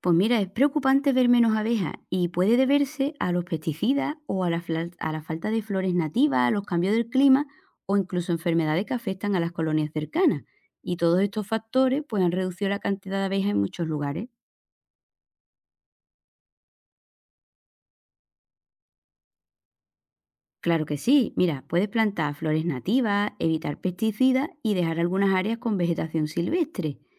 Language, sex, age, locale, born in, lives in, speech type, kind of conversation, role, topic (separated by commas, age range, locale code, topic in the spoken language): Spanish, female, 35-39, es-ES, Spain, Portugal, read, expert-assistant, expert, ¿Cómo puedo atraer y ayudar a las abejas en mi jardín?